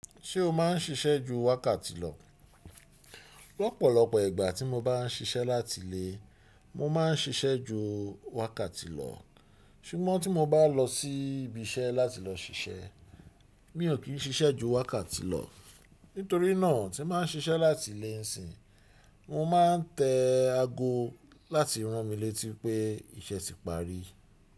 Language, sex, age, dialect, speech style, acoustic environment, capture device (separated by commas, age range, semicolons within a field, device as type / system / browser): Yoruba, male, 35-44, Nigeria - Lagos Yoruba, relaxed, silence, desktop / Windows / Chrome